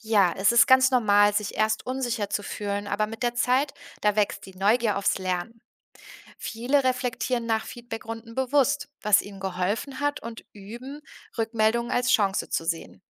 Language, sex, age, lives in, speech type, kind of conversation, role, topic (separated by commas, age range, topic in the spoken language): German, female, 30-34, Germany, read, expert-assistant, expert, Kennst du Plattformen, auf denen man digitale Kunst nicht nur sehen, sondern auch mit anderen diskutieren kann?